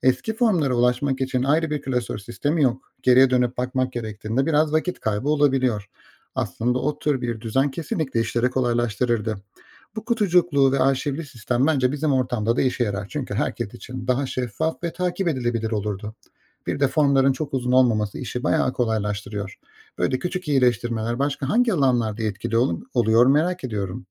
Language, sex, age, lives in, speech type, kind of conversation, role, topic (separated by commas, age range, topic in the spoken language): Turkish, male, 35-39, Austria, read, expert-assistant, asker, Hemşire vardiya devir tesliminde standart formlar uygulamada nasıl daha etkili kullanılabilir?